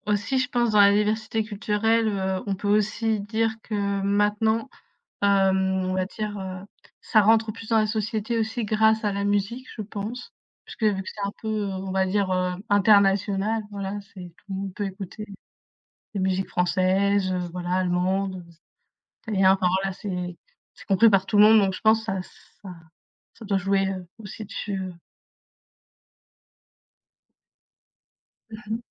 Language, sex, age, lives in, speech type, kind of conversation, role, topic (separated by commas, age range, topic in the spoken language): French, female, 20-24, France, conversation, unstructured, none, Comment la diversité culturelle enrichit-elle une société ?